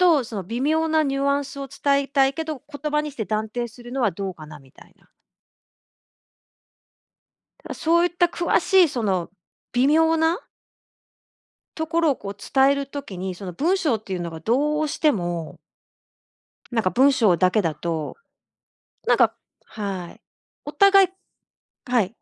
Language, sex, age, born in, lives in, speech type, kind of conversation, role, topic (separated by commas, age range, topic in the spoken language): Japanese, female, 50-54, Japan, Japan, conversation, podcast, guest, 携帯電話やSNSの普及は、私たちの会話にどのような影響を与えているのでしょうか？